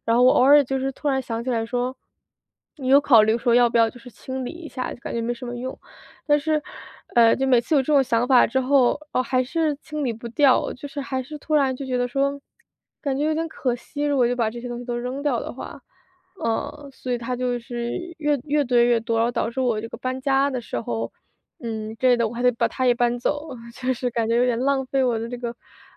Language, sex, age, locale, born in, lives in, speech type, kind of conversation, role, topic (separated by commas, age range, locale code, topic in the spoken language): Chinese, female, 25-29, zh-CN, China, United States, conversation, advice, user, 我该如何处理自己对旧物的情感依附？